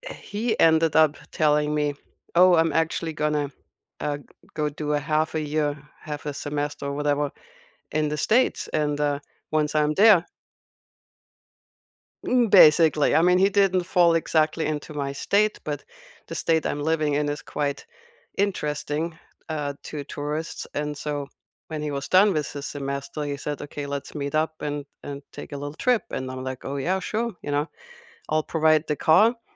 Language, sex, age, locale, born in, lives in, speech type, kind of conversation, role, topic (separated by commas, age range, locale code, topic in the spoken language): English, female, 55-59, en-DE, Germany, United States, conversation, unstructured, none, Which song instantly takes you back to a vivid moment, and what makes that memory meaningful?